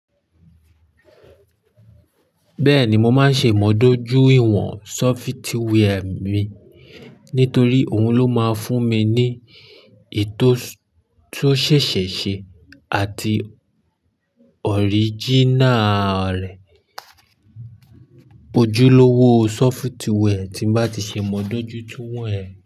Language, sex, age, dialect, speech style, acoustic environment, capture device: Yoruba, male, 25-34, Nigeria - Lagos Yoruba, happy, nature; silence, mobile / Linux / Chrome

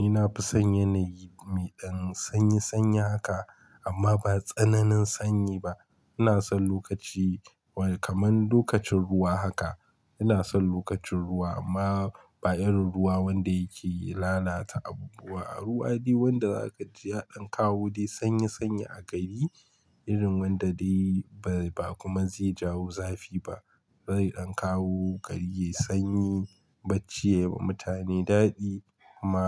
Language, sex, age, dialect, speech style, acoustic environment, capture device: Hausa, male, 25-34, Nigeria - Kano, focused; relaxed, silence, mobile / Linux / Chrome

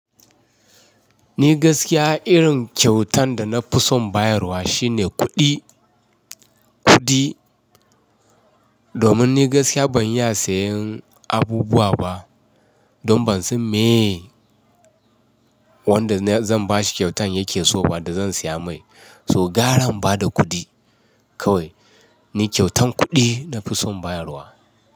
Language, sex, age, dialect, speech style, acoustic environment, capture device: Hausa, male, 35-44, Nigeria - Ibadan, relaxed; focused, nature, mobile / Linux / Chrome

